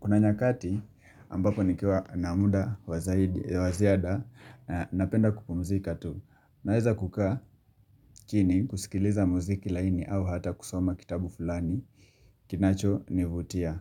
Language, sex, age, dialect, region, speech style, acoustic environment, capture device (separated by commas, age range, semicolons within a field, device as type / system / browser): Swahili, male, 25-34, Kenya - Mombasa, Kenya, relaxed, nature, mobile / Linux / Chrome